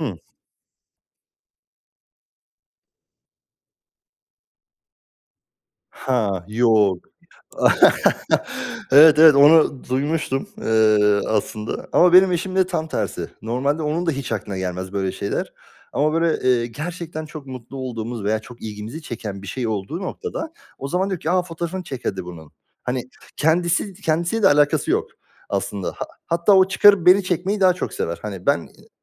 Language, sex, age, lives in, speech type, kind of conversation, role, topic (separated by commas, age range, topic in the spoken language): Turkish, male, 25-29, Germany, conversation, unstructured, none, Sosyal medyada gerçek benliğini gösterebiliyor musun?